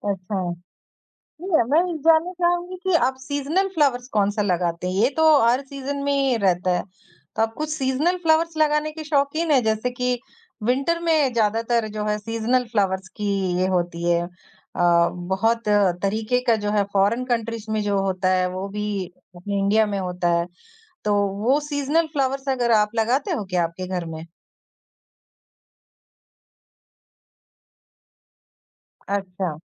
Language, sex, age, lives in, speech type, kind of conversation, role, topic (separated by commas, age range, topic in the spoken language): Hindi, female, 20-24, India, conversation, podcast, host, बागवानी शुरू करने के लिए तुम क्या सलाह दोगे?